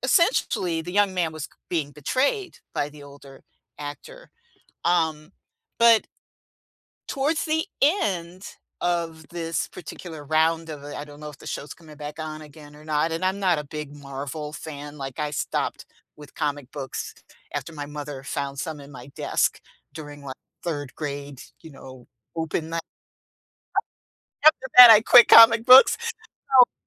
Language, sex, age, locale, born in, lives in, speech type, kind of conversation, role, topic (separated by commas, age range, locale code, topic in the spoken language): English, female, 65-69, en-US, United States, United States, conversation, unstructured, none, What plot twists have you experienced that you didn’t see coming, without spoilers?